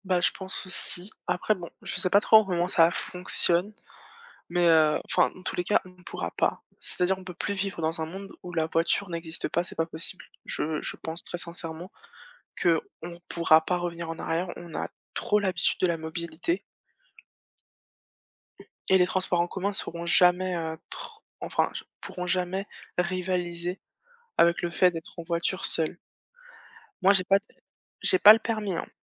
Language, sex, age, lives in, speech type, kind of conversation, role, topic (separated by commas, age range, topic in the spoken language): French, female, 25-29, France, conversation, unstructured, none, Préféreriez-vous ne plus jamais utiliser la voiture ou ne plus jamais utiliser le vélo ?